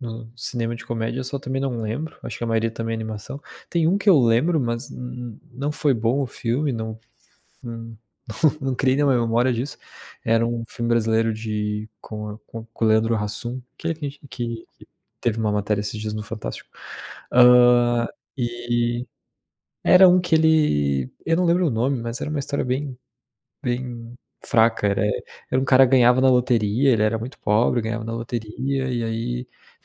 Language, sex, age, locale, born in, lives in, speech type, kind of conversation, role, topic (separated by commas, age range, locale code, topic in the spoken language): Portuguese, male, 25-29, pt-BR, Brazil, Italy, conversation, unstructured, none, Que história de filme faz você rir sempre que se lembra dela?